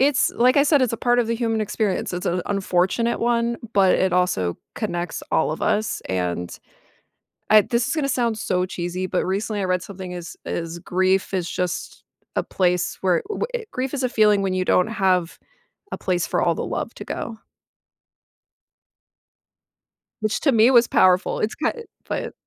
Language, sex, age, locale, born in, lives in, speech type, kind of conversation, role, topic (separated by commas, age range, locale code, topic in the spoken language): English, female, 30-34, en-US, United States, United States, conversation, podcast, host, How do people find support and healing after experiencing loss?